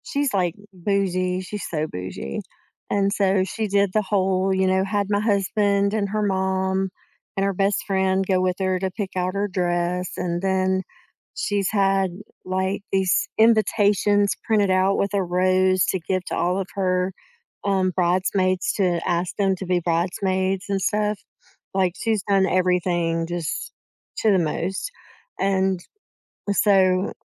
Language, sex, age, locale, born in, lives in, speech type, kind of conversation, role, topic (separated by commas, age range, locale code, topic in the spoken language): English, female, 45-49, en-US, United States, United States, conversation, advice, user, How can I build confidence and feel more comfortable in social situations?